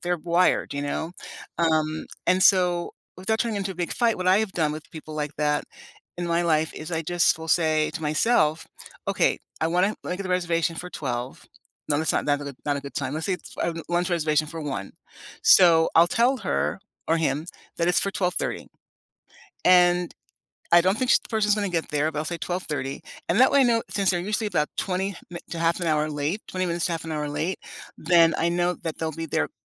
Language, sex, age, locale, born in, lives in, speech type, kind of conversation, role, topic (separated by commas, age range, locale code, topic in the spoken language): English, female, 60-64, en-US, United States, United States, conversation, unstructured, none, How do you raise a small recurring annoyance without starting a fight?